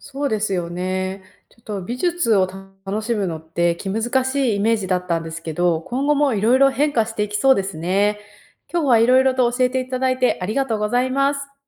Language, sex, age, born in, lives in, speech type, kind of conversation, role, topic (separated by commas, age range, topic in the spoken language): Japanese, female, 30-34, Japan, United States, read, expert-assistant, asker, アートの良し悪しを語るのは、料理の味を評価するのと似ているという例えがありますが、どう思いますか？